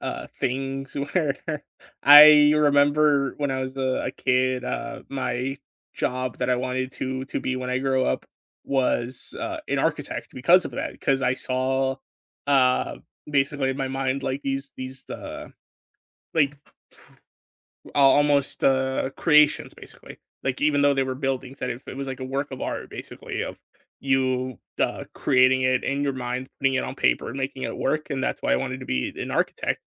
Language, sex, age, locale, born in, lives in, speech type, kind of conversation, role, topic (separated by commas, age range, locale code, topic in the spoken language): English, male, 20-24, en-US, United States, United States, conversation, unstructured, none, What childhood hobby made you feel most alive, and how could you bring it back into your life now?